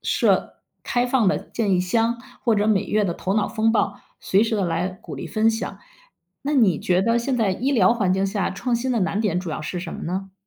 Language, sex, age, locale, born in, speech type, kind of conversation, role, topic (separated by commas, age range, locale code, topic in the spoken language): Chinese, female, 50-54, zh-CN, China, read, expert-assistant, expert, 想知道那些成功的企业是怎么想出新点子的，平时大家都喜欢看哪些故事或访谈？